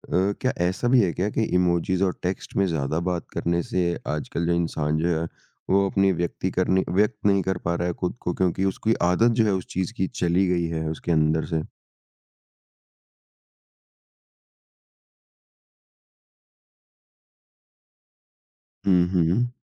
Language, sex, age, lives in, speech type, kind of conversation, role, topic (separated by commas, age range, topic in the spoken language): Hindi, male, 55-59, India, conversation, podcast, host, अपने आप को व्यक्त करने का आपका सबसे पसंदीदा तरीका क्या है?